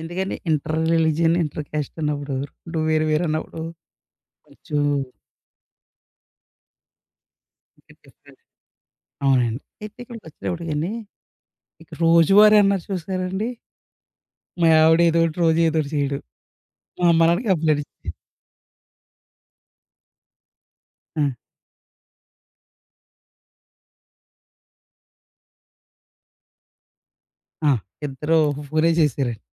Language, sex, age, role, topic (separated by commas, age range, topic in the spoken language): Telugu, male, 30-34, guest, మీ కుటుంబ సంప్రదాయాలు మీ రోజువారీ జీవితాన్ని ఎలా ప్రభావితం చేశాయి?